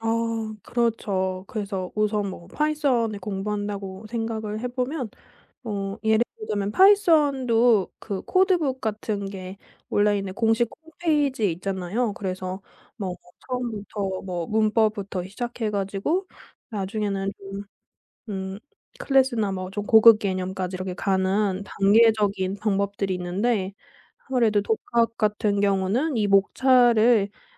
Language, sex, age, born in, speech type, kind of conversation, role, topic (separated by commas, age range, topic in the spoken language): Korean, female, 25-29, South Korea, conversation, podcast, guest, 독학할 때 학습 자료를 어떻게 골라 모아 정리하시나요?